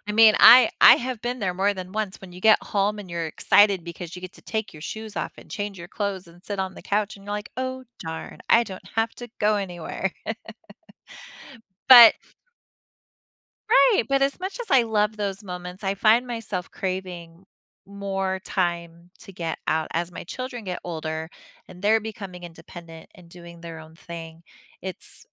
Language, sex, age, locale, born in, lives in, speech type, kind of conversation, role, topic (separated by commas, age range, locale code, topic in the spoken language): English, female, 40-44, en-US, United States, United States, conversation, advice, user, How can I address feeling ignored by close friends?